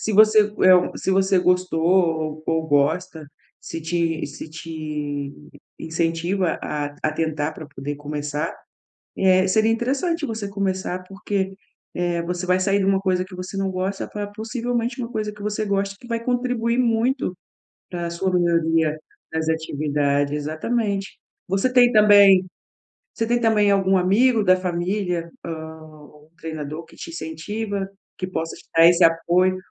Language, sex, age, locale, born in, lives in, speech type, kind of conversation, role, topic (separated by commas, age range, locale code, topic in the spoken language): Portuguese, female, 40-44, pt-BR, Brazil, Portugal, conversation, advice, advisor, Como posso lidar com a frustração quando tenho um retrocesso no treino?